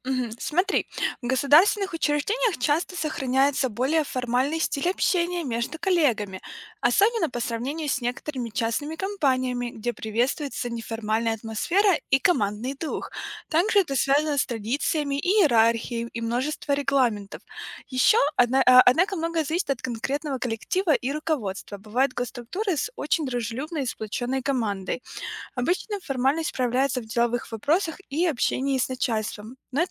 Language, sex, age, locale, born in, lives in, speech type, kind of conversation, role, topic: Russian, female, 25-29, ru-RU, Ukraine, United States, read, expert-assistant, expert, Чем отличается работа в государственной структуре от работы в частной компании?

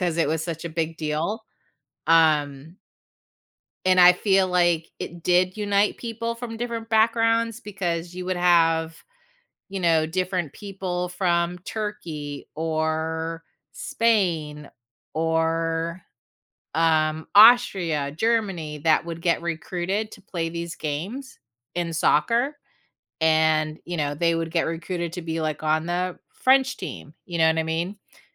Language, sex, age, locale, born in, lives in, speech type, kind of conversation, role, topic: English, female, 45-49, en-US, United States, United States, conversation, podcast, host, What role do sports play in building connections between people from diverse backgrounds?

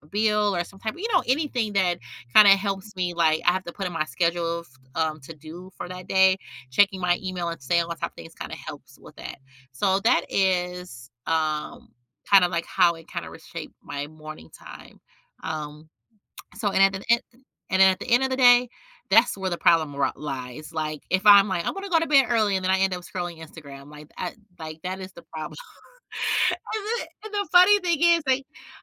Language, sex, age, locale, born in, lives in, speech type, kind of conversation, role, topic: English, female, 35-39, en-US, United States, United States, conversation, podcast, guest, In what ways has technology transformed the way we live and manage our daily lives?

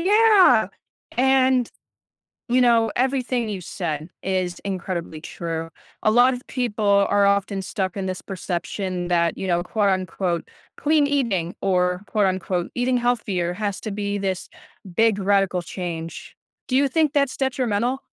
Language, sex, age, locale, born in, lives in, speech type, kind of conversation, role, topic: English, other, 20-24, en-US, United States, United States, conversation, podcast, host, What are some realistic ways to make healthy eating feel manageable and sustainable?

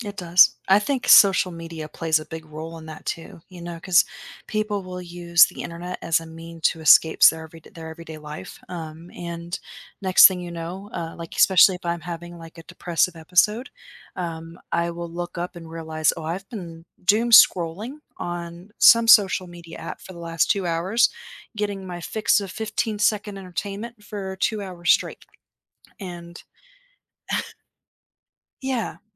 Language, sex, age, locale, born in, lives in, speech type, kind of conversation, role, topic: English, female, 30-34, en-US, United States, United States, conversation, podcast, host, What are some ways people can tell when it's time to seek support for their mental health?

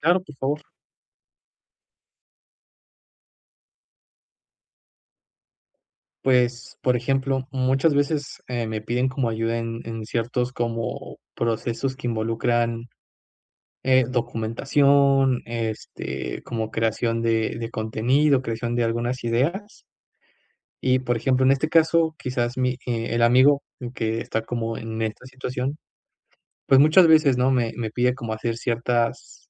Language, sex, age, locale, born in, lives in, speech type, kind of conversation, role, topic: Spanish, male, 25-29, es-MX, Mexico, Mexico, conversation, advice, user, ¿Cómo puedo aprender a decir que no sin sentirme mal?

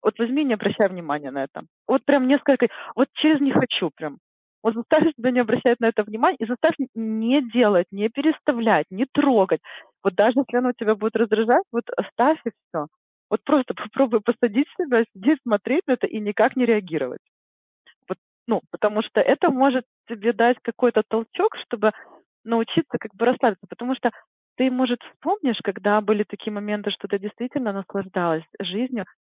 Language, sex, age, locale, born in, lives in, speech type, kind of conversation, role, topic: Russian, female, 40-44, ru-RU, Ukraine, United States, conversation, advice, advisor, Почему мне трудно получать удовольствие от хобби и отдыха?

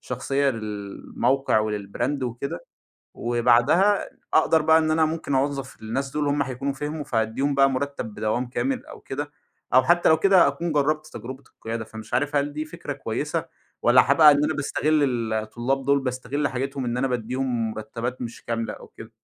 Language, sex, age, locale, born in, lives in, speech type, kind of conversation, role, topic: Arabic, male, 25-29, ar-EG, Egypt, Egypt, conversation, advice, user, إزاي أتغلب على خوفي من إني أوظّف أول موظف وأتحمّل مسؤولية القيادة؟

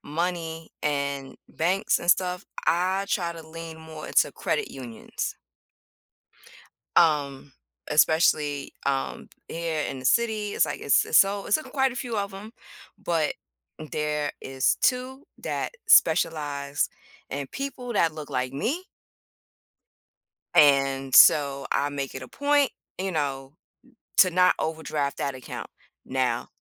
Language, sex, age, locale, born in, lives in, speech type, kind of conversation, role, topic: English, female, 40-44, en-US, United States, United States, conversation, unstructured, none, How does borrowing and sharing help build trust and connection in a community?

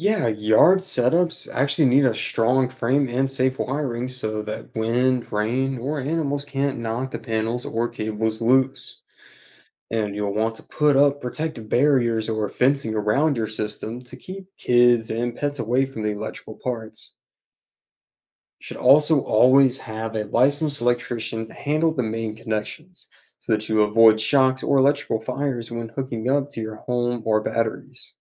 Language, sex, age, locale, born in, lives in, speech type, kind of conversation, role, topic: English, male, 20-24, en-US, United States, United States, read, expert-assistant, expert, How do solar panels work and what should you know about using them at home?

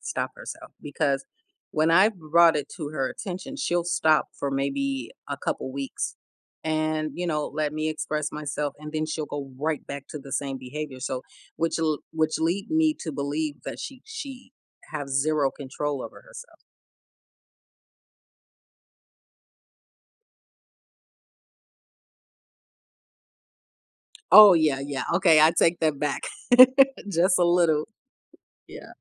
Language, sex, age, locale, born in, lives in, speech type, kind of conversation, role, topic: English, female, 45-49, en-US, United States, United States, conversation, podcast, guest, What qualities or experiences make a conversation truly memorable for you?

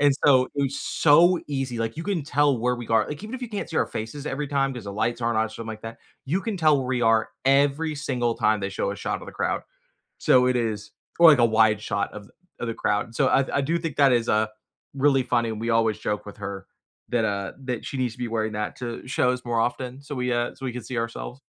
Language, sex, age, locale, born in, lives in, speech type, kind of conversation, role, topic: English, male, 25-29, en-US, United States, United States, conversation, unstructured, none, How do you balance personal space and togetherness to strengthen your connection?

